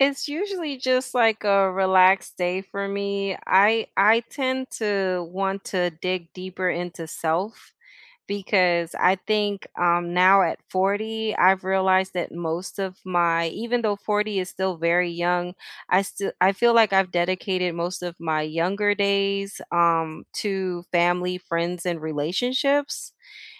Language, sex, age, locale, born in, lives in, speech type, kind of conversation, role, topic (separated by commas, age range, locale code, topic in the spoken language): English, female, 40-44, en-US, United States, United States, conversation, unstructured, none, What does a perfect slow morning look like for you?